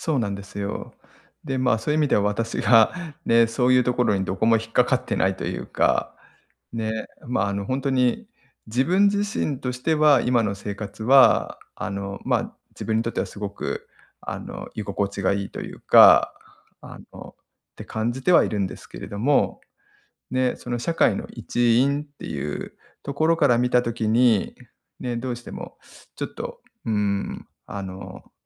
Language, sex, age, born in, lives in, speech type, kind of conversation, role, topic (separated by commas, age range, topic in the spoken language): Japanese, male, 40-44, Japan, Japan, conversation, advice, user, SNSで他人と比べずに無駄遣いを減らし、自分らしく暮らすにはどうしたらよいですか？